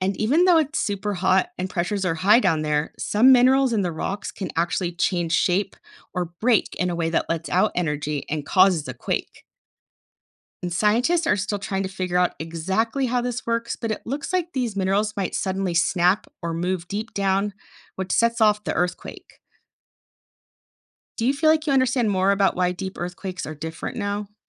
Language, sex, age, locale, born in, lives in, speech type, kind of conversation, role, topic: English, female, 40-44, en-US, United States, United States, read, expert-assistant, expert, What causes earthquakes to occur?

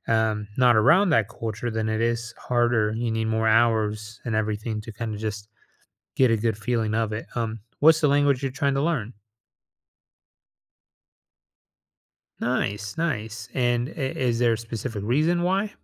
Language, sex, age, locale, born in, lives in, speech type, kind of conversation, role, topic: English, male, 30-34, en-US, United States, United States, conversation, advice, advisor, How can I manage burnout and set boundaries?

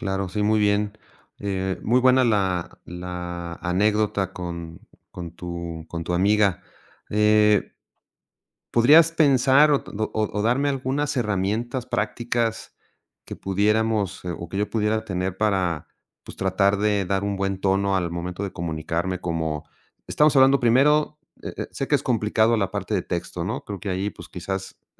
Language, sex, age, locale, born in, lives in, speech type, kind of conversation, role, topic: Spanish, male, 50-54, es-MX, Mexico, Mexico, conversation, podcast, host, ¿Cómo afecta el tono al comunicarnos?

